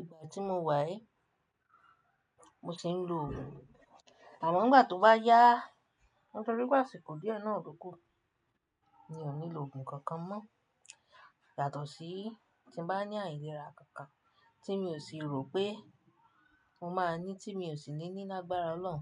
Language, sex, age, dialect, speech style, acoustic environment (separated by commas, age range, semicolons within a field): Yoruba, female, 25-34, Nigeria - Nigerian Standard, happy; relaxed; excited, silence